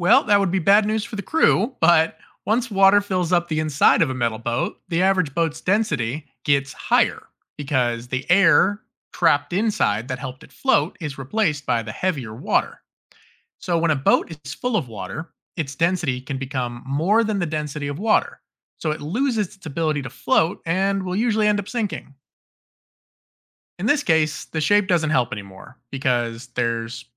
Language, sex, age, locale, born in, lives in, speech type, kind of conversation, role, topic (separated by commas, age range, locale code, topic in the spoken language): English, male, 30-34, en-US, United States, United States, read, expert-assistant, expert, How do objects float or sink in water and how do ships stay afloat?